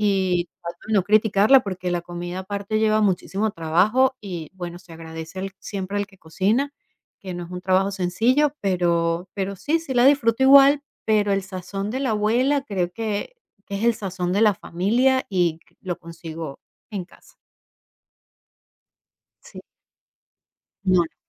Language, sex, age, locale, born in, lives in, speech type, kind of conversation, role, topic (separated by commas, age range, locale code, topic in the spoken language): Spanish, female, 45-49, es-VE, Venezuela, United States, conversation, podcast, guest, ¿Puedes contar una historia ligada a un olor en la cocina?